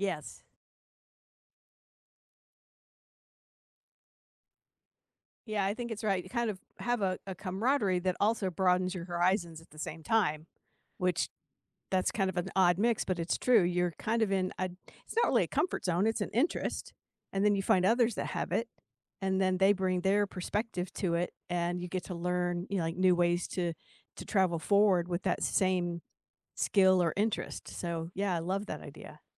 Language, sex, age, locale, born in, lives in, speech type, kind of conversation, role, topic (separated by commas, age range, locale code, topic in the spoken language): English, female, 60-64, en-US, United States, United States, conversation, unstructured, none, What’s the best way to meet new people while traveling?